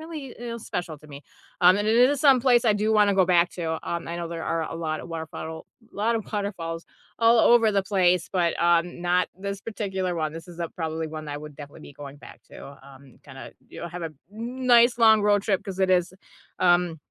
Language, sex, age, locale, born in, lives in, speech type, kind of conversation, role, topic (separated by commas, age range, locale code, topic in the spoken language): English, female, 35-39, en-US, United States, United States, conversation, unstructured, none, What place from your childhood do you still think about often, and what makes it special to you?